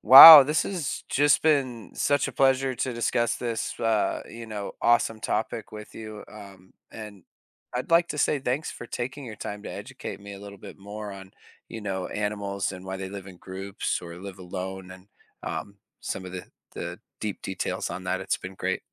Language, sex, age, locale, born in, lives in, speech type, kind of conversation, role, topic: English, male, 30-34, en-US, United States, United States, read, expert-assistant, asker, Why do some animals live in groups while others live alone?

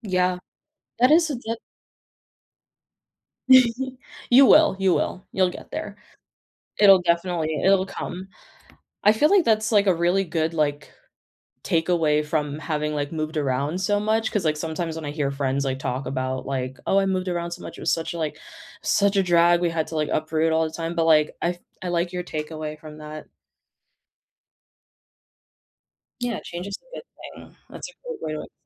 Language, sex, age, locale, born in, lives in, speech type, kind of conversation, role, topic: English, female, 25-29, en-US, United States, United States, conversation, unstructured, none, How has travel changed the way you see the world?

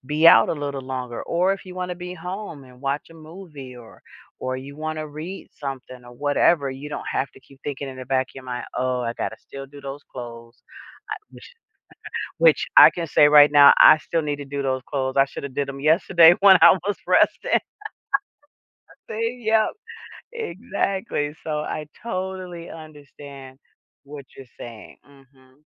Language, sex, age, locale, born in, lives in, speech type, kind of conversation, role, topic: English, female, 55-59, en-US, United States, United States, conversation, unstructured, none, What factors influence your choice between relaxing at home or going out on weekends?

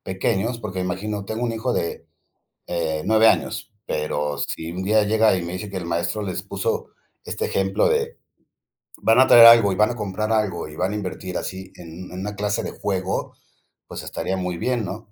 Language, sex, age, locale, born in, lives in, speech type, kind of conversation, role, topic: Spanish, male, 55-59, es-MX, Mexico, Mexico, conversation, unstructured, none, ¿Crees que la educación debería cambiar? ¿Por qué?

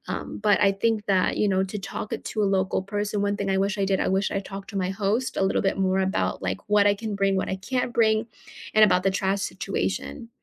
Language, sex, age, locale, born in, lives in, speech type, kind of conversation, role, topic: English, female, 25-29, en-US, United States, United States, conversation, unstructured, none, How can you plan travel that respects the environment and local communities, and which simple choices make the biggest difference?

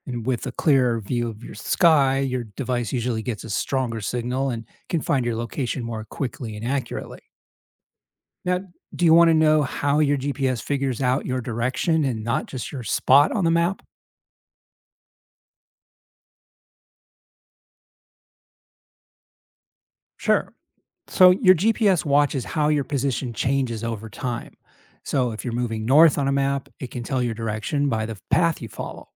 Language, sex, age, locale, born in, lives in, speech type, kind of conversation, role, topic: English, male, 55-59, en-US, United States, United States, read, expert-assistant, expert, How does GPS determine and track your location and direction?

